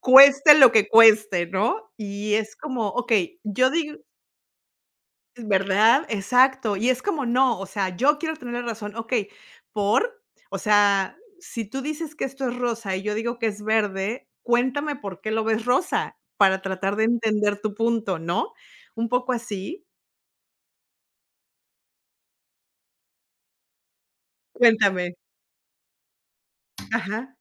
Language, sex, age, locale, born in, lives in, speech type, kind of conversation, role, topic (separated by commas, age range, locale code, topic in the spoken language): Spanish, female, 50-54, es-MX, Mexico, United States, conversation, unstructured, none, ¿Qué papel juega la comunicación al momento de resolver problemas?